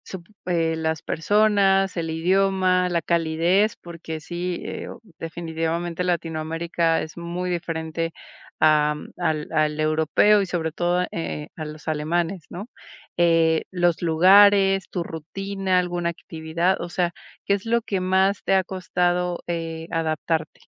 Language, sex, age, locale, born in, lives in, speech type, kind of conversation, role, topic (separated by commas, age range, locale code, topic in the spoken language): Spanish, female, 40-44, es-MX, Mexico, Mexico, conversation, advice, advisor, ¿Cómo te sientes al haberte mudado a otra ciudad y al extrañar tu vida anterior?